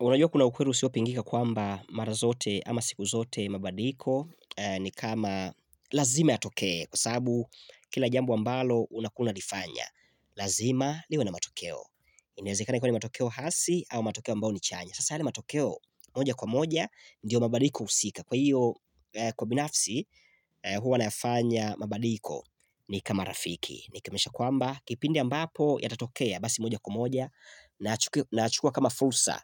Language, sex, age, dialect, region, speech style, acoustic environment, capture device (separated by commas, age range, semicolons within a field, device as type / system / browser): Swahili, male, 25-34, Tanzania - Dar es Salaam, Tanzania, excited; focused; relaxed, silence, mobile / Linux / Chrome